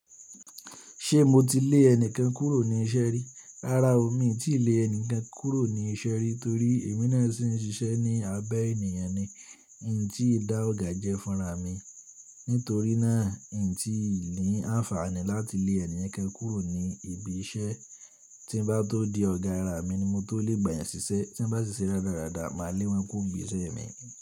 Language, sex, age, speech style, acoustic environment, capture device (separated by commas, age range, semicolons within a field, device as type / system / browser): Yoruba, male, 18-24, tired; stressed, people talking; appliances, mobile / Linux / Chrome